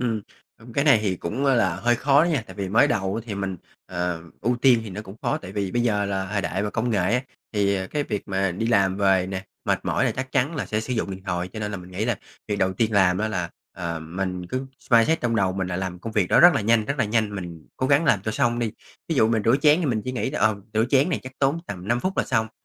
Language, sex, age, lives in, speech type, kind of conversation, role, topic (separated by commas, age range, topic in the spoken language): Vietnamese, male, 30-34, Vietnam, conversation, podcast, guest, Bạn làm thế nào để cân bằng việc nhà và công việc ngoài giờ?